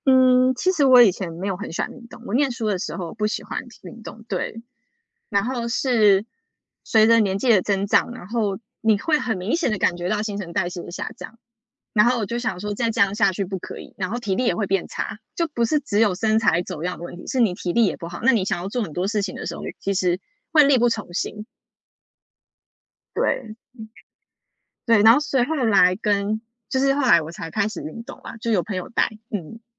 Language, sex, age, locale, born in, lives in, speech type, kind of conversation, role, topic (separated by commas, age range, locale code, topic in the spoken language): Chinese, female, 30-34, zh-TW, United States, United States, conversation, unstructured, none, 你会担心因为长时间不运动而导致身体机能下降吗？